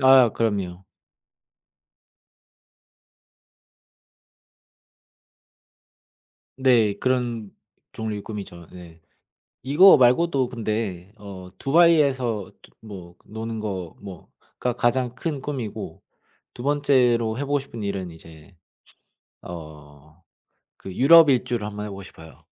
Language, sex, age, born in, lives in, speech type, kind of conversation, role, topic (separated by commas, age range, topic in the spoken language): Korean, male, 30-34, South Korea, Germany, conversation, unstructured, none, 인생에서 꼭 해보고 싶은 일이 있나요?